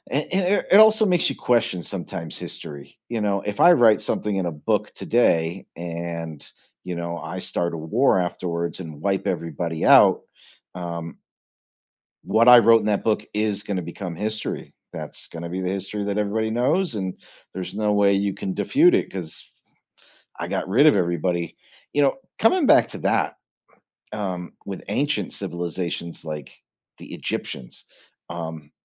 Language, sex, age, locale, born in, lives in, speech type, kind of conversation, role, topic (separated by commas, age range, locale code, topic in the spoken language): English, male, 45-49, en-US, United States, United States, conversation, podcast, host, How do the achievements and ideas of ancient civilizations continue to shape our world today?